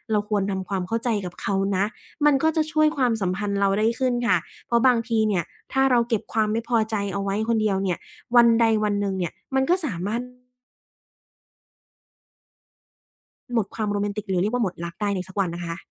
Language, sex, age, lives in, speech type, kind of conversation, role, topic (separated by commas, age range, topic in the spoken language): Thai, female, 25-29, Thailand, conversation, podcast, guest, คุณมีวิธีรักษาความโรแมนติกหลังแต่งงานอย่างไรบ้าง?